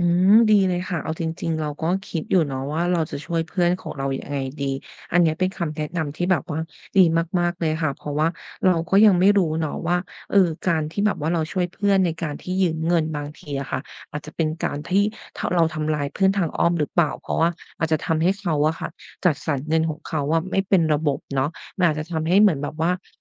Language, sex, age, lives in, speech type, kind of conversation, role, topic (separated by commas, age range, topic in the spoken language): Thai, female, 30-34, Thailand, conversation, advice, user, ควรตั้งขอบเขตกับเพื่อนที่ยืมเงินบ่อยอย่างไร?